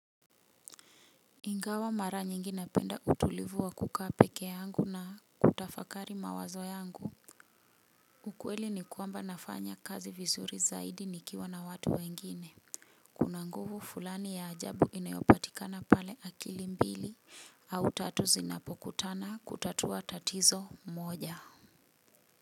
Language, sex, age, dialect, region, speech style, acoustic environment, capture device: Swahili, female, 35-44, Kenya - Nairobi Sheng-influenced, Kenya, happy; relaxed, nature, mobile / Linux / Chrome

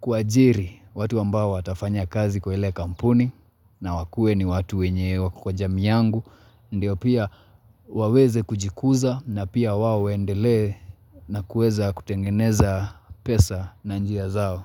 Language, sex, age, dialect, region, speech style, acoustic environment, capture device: Swahili, male, 25-34, Kenya - Mombasa, Kenya, relaxed, nature; silence, mobile / Linux / Chrome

